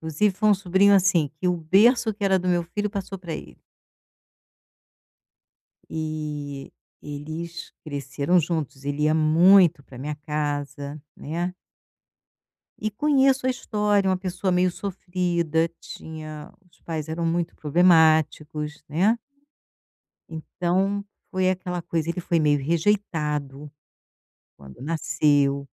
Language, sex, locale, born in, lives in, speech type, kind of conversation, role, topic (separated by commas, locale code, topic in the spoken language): Portuguese, female, pt-BR, Brazil, Portugal, conversation, advice, user, Como posso explicar aos meus familiares que não posso ajudar financeiramente?